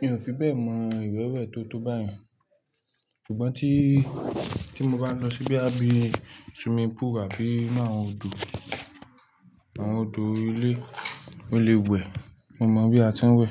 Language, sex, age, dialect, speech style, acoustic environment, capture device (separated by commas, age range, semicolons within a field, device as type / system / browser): Yoruba, male, 25-34, Nigeria - Lagos Yoruba, tired, silence, mobile / Linux / Chrome